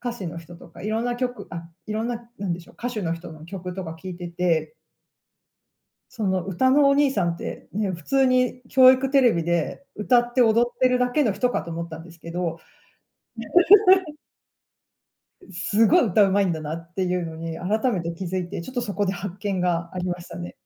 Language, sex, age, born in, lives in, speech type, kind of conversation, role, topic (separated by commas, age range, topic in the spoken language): Japanese, female, 40-44, Japan, United States, conversation, podcast, guest, 最近よく聴いている曲は何ですか？なぜその曲をよく聴いているのですか？